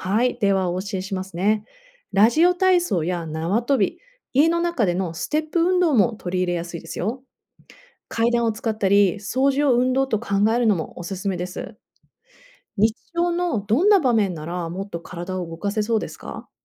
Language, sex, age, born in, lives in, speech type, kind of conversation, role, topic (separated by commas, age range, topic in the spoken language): Japanese, female, 35-39, Japan, United States, read, expert-assistant, expert, 健康に関するたくさんの情報の中で、本当に信じられるものを見分けるコツはありますか？